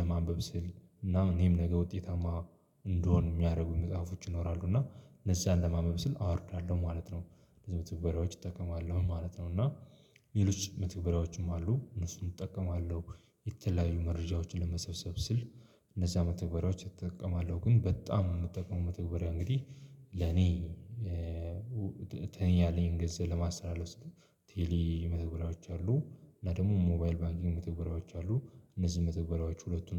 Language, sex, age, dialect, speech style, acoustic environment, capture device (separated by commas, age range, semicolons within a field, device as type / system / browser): Amharic, male, 25-34, Ethiopia - Addis Ababa, focused, appliances, mobile / Linux / Chrome